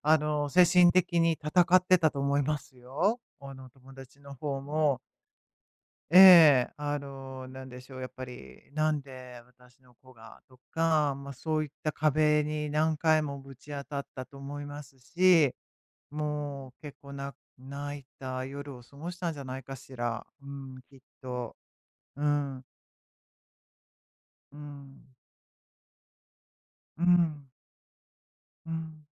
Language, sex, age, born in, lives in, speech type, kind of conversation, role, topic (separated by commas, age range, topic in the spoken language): Japanese, female, 55-59, Japan, United States, conversation, advice, advisor, 友だちの生活が変わって疎遠に感じるとき、どう受け入れればよいですか？